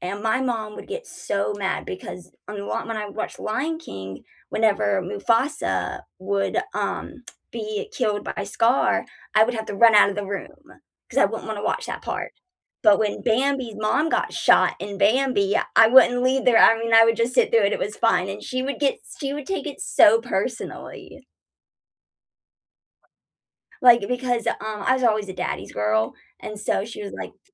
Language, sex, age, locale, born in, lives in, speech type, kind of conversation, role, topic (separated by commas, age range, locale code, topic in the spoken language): English, female, 25-29, en-US, United States, United States, conversation, unstructured, none, What kind of movies do you usually enjoy watching?